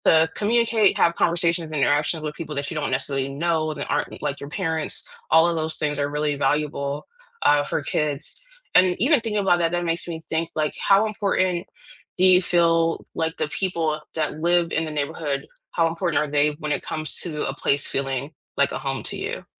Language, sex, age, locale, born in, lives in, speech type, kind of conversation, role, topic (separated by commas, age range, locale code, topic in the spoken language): English, female, 35-39, en-US, United States, United States, conversation, unstructured, none, What makes a neighborhood feel like home to you?